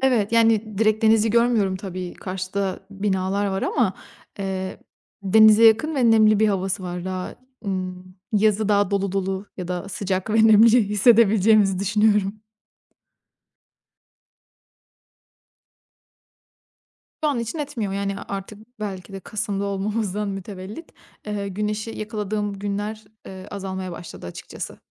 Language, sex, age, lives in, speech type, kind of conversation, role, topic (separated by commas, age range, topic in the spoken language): Turkish, female, 25-29, Italy, conversation, podcast, guest, Evde en rahat hissettiğin köşe neresi, neden?